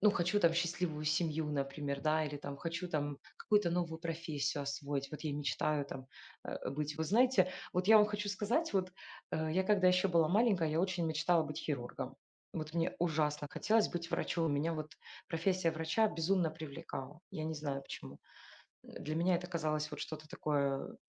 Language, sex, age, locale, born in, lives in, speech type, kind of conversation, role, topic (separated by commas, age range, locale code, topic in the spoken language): Russian, female, 40-44, ru-RU, Ukraine, Spain, conversation, unstructured, none, Какие мечты кажутся тебе слишком смелыми, но ты всё равно хочешь воплотить их в жизнь?